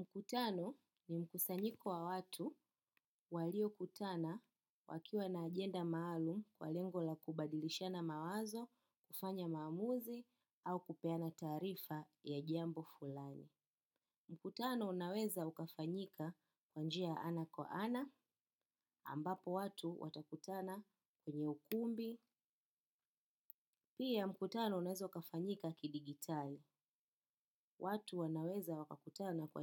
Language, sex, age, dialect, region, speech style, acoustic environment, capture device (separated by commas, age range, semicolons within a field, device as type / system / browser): Swahili, female, 25-34, Kenya - Nairobi Sheng-influenced, Kenya, happy; focused; relaxed; excited, silence, desktop / macOS / Chrome